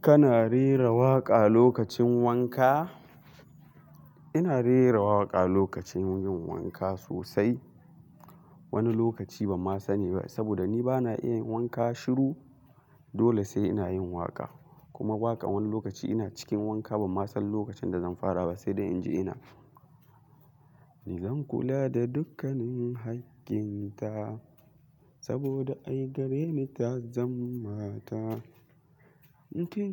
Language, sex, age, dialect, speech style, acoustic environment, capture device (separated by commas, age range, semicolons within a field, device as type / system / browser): Hausa, male, 18-24, Nigeria - Kano, excited; focused, silence, mobile / Linux / Chrome